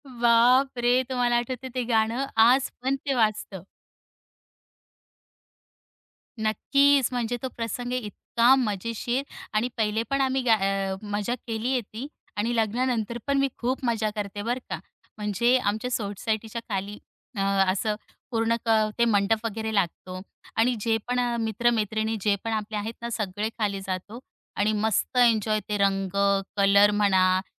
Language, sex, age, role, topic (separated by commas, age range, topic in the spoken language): Marathi, female, 35-39, guest, तुम्हाला संगीताची पहिली आठवण कोणती आहे?